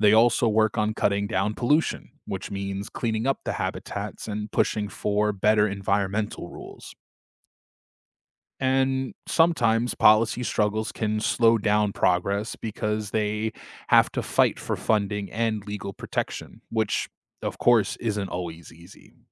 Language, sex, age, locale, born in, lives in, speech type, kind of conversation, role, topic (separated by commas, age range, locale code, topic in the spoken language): English, male, 25-29, en-US, United States, United States, read, expert-assistant, expert, How do birds navigate during migration?